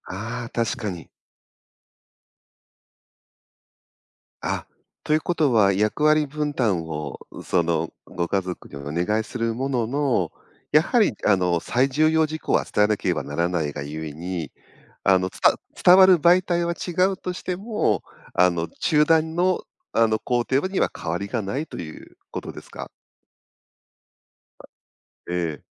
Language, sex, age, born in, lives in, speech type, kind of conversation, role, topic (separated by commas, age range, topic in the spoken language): Japanese, male, 50-54, Japan, Japan, conversation, advice, advisor, 頻繁な中断があっても、どうすれば深い作業を続けられますか？